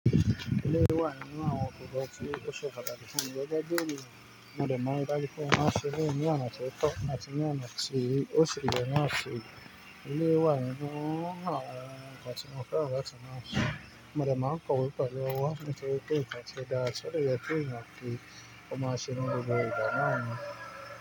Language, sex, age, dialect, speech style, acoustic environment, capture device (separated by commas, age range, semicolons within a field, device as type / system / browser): Yoruba, male, 25-34, Nigeria - Nigerian Standard, focused, nature, mobile / Linux / Chrome